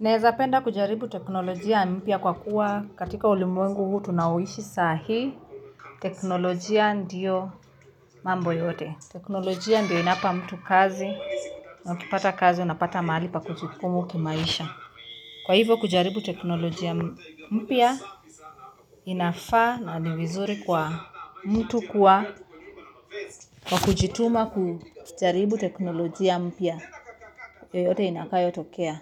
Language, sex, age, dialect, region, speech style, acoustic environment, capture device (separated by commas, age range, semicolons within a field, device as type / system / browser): Swahili, female, 25-34, Kenya - Nairobi Sheng-influenced, Kenya, nervous; happy; focused, music; nature; appliances, mobile / Linux / Chrome